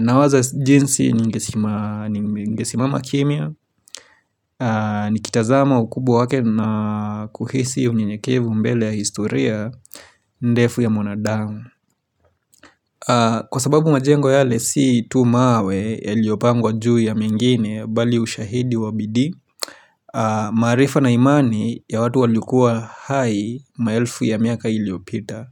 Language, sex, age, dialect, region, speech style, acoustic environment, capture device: Swahili, male, 25-34, Kenya - Nairobi Sheng-influenced, Kenya, relaxed; focused, silence, mobile / Linux / Chrome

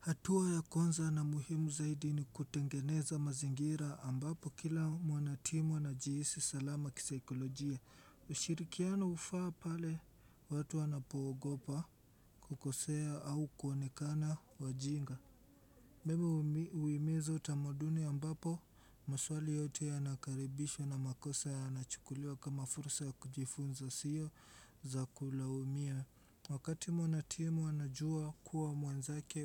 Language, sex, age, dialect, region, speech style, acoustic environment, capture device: Swahili, male, 18-24, Kenya - Mombasa, Kenya, relaxed; focused, silence; appliances, desktop / Windows / Chrome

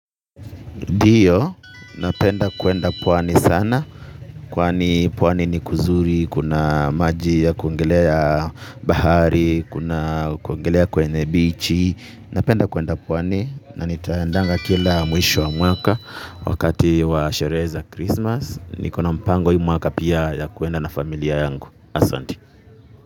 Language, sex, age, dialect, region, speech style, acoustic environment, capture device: Swahili, male, 25-34, Kenya - Nairobi Sheng-influenced, Kenya, relaxed, people talking, mobile / Linux / Chrome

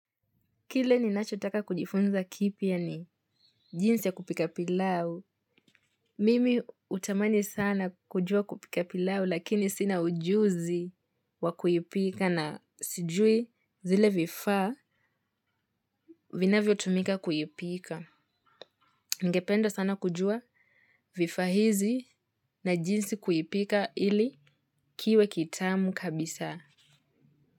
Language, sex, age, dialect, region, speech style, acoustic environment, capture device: Swahili, female, 18-24, Kenya - Mombasa, Kenya, relaxed, silence, mobile / Linux / Edge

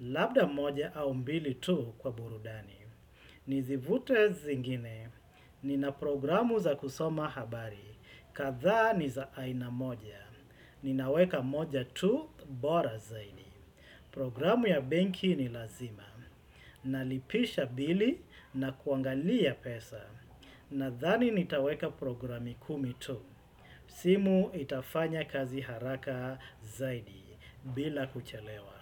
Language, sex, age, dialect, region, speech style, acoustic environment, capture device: Swahili, male, 35-44, Kenya - Mombasa, Kenya, happy, silence, desktop / Windows / Chrome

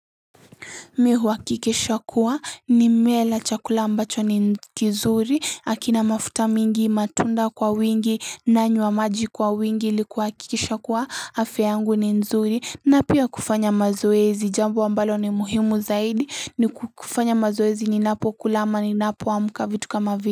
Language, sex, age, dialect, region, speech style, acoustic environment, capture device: Swahili, female, 18-24, Kenya - Mombasa, Kenya, happy, people talking, mobile / Linux / Chrome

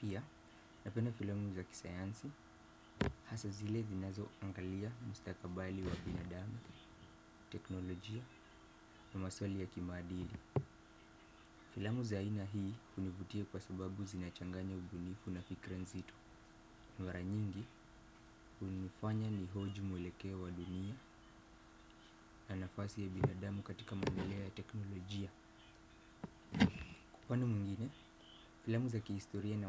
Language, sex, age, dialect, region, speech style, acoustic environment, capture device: Swahili, male, 18-24, Kenya - Mombasa, Kenya, happy, silence, desktop / Windows / Firefox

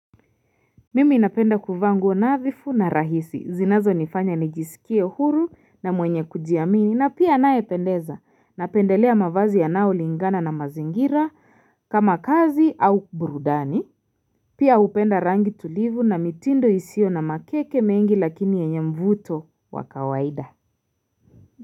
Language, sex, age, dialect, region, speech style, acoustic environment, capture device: Swahili, female, 25-34, Kenya - Nairobi Sheng-influenced, Kenya, relaxed, silence, mobile / Linux / Chrome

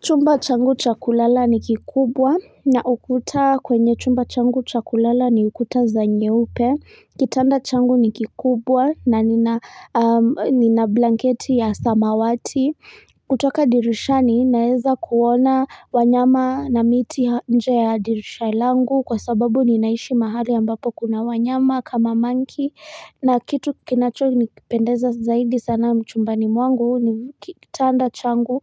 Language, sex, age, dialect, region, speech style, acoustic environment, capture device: Swahili, female, 25-34, Kenya - Nairobi Sheng-influenced, Kenya, happy, traffic, mobile / Linux / Chrome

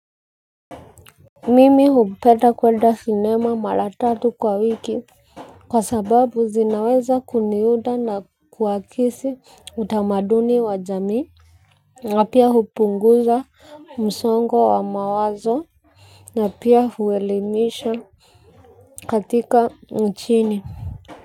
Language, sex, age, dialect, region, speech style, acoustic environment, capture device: Swahili, female, 25-34, Kenya - Nairobi Sheng-influenced, Kenya, focused, silence, mobile / Linux / Chrome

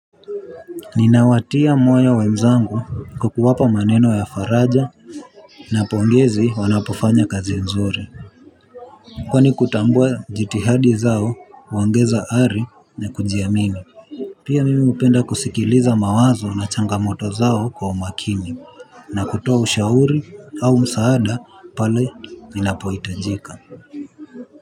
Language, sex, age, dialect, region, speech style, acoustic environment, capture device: Swahili, male, 18-24, Kenya - Nairobi Sheng-influenced, Kenya, focused; relaxed, people talking; traffic, mobile / Linux / Chrome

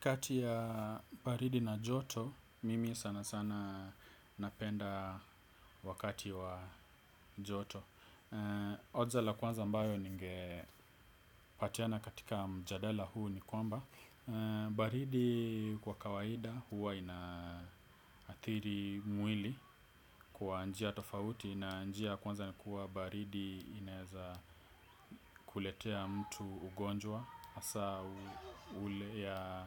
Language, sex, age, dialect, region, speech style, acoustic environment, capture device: Swahili, male, 25-34, Kenya - Nairobi Sheng-influenced, Kenya, relaxed, silence, desktop / Windows / Edge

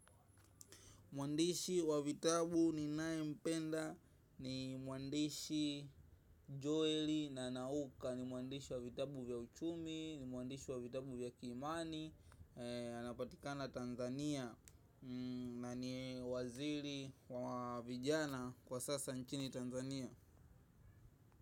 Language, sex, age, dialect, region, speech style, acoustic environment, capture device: Swahili, male, 18-24, Tanzania - Dar es Salaam, Tanzania, excited; focused; happy, traffic, mobile / macOS / Safari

